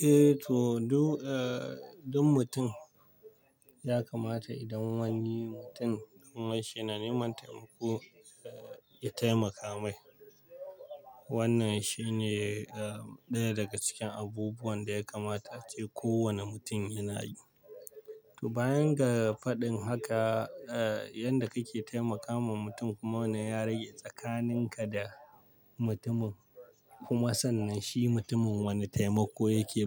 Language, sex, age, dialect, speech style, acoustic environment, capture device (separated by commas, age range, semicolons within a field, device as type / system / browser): Hausa, male, 25-34, Nigeria - Pidgin-influenced, stressed, nature, mobile / Linux / Chrome